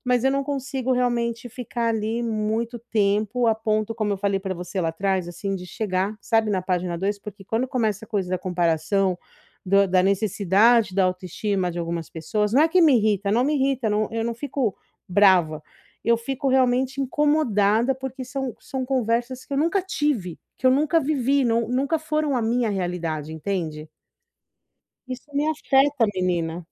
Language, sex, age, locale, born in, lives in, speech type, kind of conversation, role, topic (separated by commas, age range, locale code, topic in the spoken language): Portuguese, female, 40-44, pt-BR, Brazil, United States, conversation, advice, user, Como posso parar de me comparar com o que os outros têm e melhorar minha autoestima?